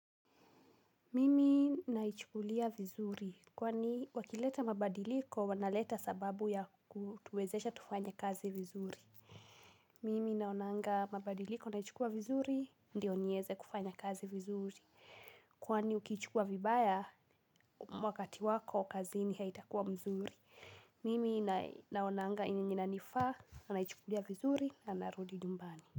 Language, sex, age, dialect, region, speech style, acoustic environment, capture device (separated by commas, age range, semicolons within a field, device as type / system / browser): Swahili, female, 18-24, Kenya - Kiambu, Kenya, anxious, nature, mobile / Linux / Chrome